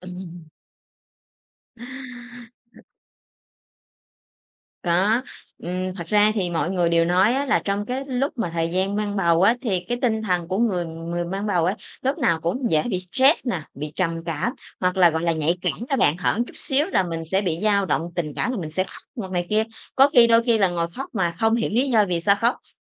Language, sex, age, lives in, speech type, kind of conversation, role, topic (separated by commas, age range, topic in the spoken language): Vietnamese, female, 30-34, Vietnam, conversation, podcast, guest, Bạn cân nhắc việc có nên sinh con hay không như thế nào?